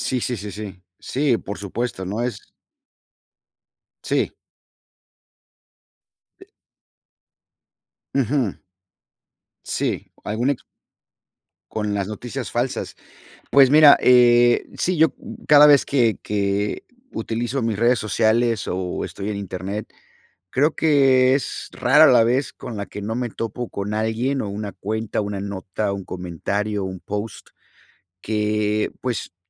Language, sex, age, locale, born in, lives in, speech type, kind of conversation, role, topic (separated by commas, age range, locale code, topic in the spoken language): Spanish, male, 50-54, es-MX, United States, United States, conversation, unstructured, none, ¿Crees que las noticias falsas son un problema serio hoy?